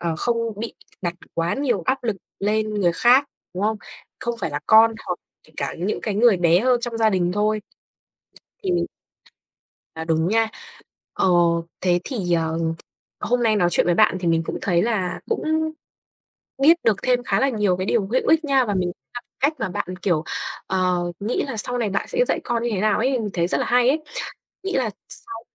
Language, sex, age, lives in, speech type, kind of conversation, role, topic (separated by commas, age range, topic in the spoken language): Vietnamese, female, 20-24, Vietnam, conversation, unstructured, none, Bạn cảm thấy thế nào khi gia đình đặt quá nhiều kỳ vọng lên bạn?